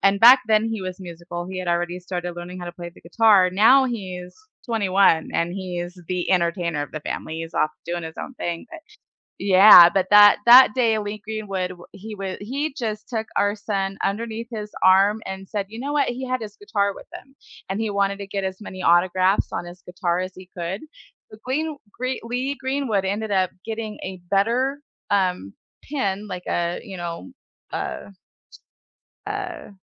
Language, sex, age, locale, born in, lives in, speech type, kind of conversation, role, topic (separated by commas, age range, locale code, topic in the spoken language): English, female, 45-49, en-US, United States, United States, conversation, unstructured, none, What was your most unforgettable live concert experience, who were you with, and what made it special to you?